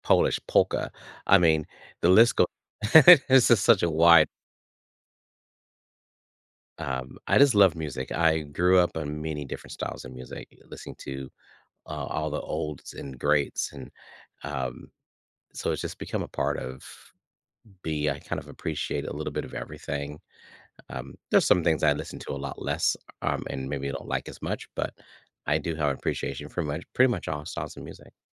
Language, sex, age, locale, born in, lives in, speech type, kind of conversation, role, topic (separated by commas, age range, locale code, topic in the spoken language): English, male, 55-59, en-US, United States, United States, conversation, podcast, guest, How does music shape your everyday experiences and routines?